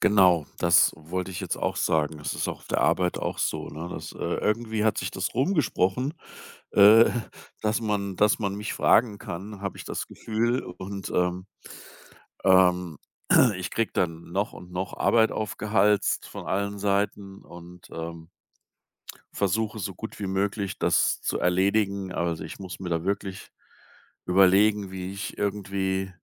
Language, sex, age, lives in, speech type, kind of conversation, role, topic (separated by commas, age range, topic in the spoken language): German, male, 55-59, Germany, conversation, advice, user, Wie kann ich vermeiden, dass ich mich durch zu viele Verpflichtungen überfordert fühle, weil ich nicht Nein sagen kann?